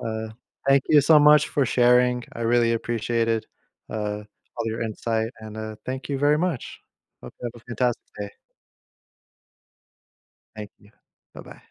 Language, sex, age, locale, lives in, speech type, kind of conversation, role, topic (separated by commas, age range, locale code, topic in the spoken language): English, male, 30-34, en-US, United States, conversation, podcast, host, How do live concerts shape your connection to music?